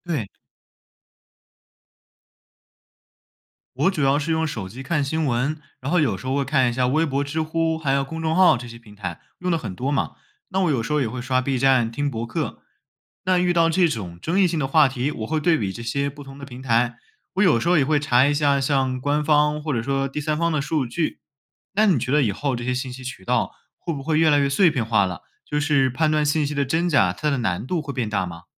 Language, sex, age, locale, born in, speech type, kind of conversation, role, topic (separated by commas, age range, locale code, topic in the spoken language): Chinese, male, 20-24, zh-CN, China, read, expert-assistant, asker, 在网上看到不同的政治讨论时，怎么判断哪些观点更能代表大多数人的想法？